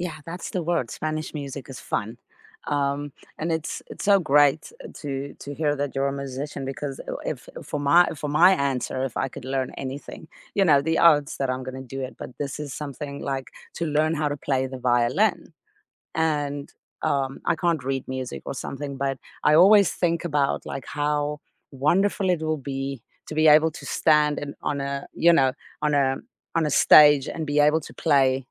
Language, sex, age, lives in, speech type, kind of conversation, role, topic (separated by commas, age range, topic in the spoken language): English, female, 35-39, United States, conversation, unstructured, none, What sparks your next learning adventure, and how do you decide where to dive in?